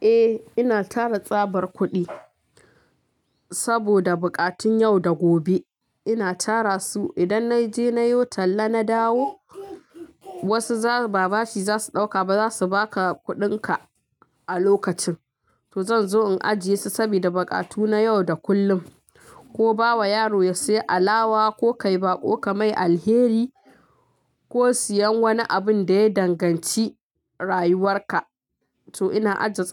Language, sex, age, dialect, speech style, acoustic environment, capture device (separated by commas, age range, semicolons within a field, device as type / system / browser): Hausa, female, 25-34, Nigeria - Kano, happy, traffic; music, mobile / Linux / Chrome